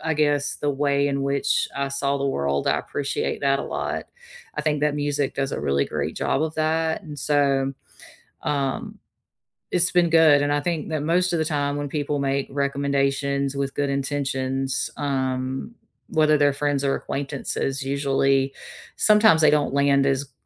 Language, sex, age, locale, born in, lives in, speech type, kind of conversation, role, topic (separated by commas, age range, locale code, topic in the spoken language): English, female, 40-44, en-US, United States, United States, conversation, unstructured, none, How do you discover new music through playlists, algorithms, or friends?